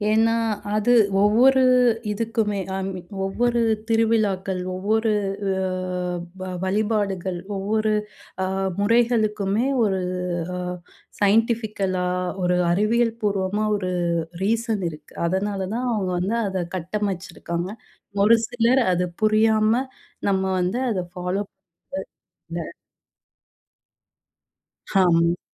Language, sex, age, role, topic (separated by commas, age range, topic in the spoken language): Tamil, female, 30-34, host, ஏழு திருவிழாக்கள் பருவங்களுடன் எவ்வாறு தொடர்புபடுகின்றன?